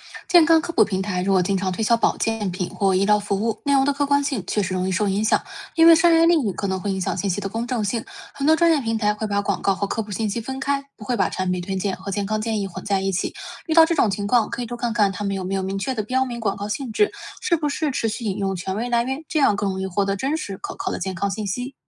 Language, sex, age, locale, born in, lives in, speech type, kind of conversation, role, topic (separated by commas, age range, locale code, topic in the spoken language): Chinese, female, 25-29, zh-CN, China, United States, read, expert-assistant, expert, 平时获取健康知识时，如何判断哪些公众号或网站的信息更靠谱？